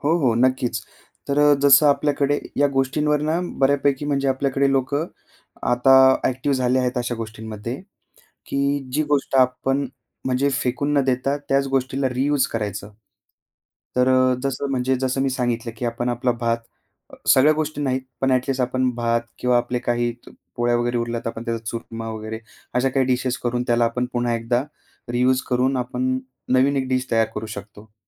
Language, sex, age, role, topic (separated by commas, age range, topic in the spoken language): Marathi, male, 25-29, guest, भोजन वाया जाणं थांबवण्यासाठी तुम्ही काय करता?